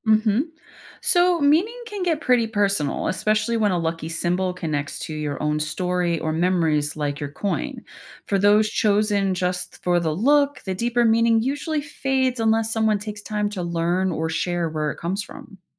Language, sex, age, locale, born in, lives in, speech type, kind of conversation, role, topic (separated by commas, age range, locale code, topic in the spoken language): English, female, 40-44, en-US, United States, United States, read, expert-assistant, expert, What are common symbols of luck in different cultures?